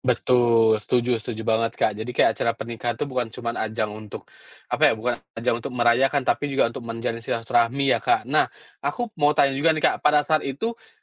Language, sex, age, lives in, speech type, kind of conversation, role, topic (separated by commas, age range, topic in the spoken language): Indonesian, male, 30-34, Indonesia, conversation, podcast, host, Apa kenangan budaya keluarga yang bikin kamu bangga?